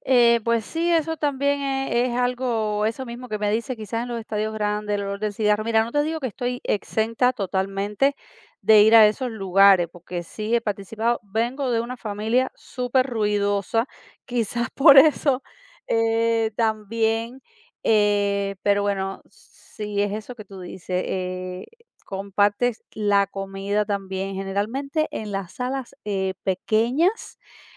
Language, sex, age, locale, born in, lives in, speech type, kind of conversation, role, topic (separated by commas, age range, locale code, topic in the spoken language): Spanish, female, 40-44, es-CU, Cuba, United States, conversation, podcast, guest, ¿Prefieres tocar o asistir a conciertos en salas pequeñas o en grandes estadios, y por qué?